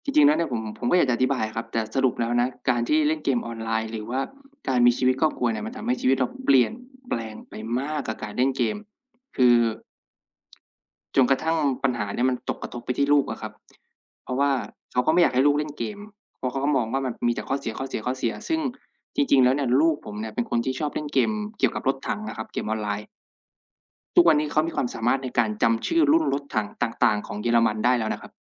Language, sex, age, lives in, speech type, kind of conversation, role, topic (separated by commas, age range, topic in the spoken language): Thai, male, 25-29, Thailand, conversation, unstructured, none, คุณคิดว่าการเล่นเกมออนไลน์มีผลเสียมากกว่าผลดีไหม?